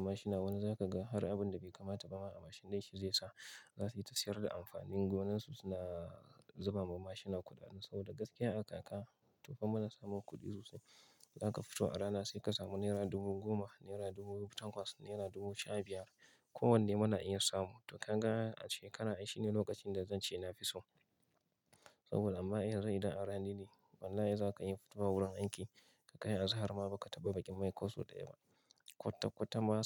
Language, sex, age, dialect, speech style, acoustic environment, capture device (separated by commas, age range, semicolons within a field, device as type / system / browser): Hausa, male, 25-34, Nigeria - Kano, focused; relaxed, silence, mobile / Linux / Chrome